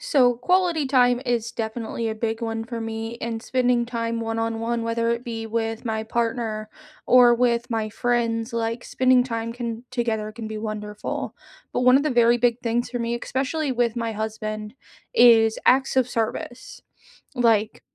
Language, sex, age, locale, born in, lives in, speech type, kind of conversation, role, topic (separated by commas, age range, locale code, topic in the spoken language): English, female, 30-34, en-US, United States, United States, conversation, unstructured, none, How do your love languages show up in everyday moments, and how can we support each other?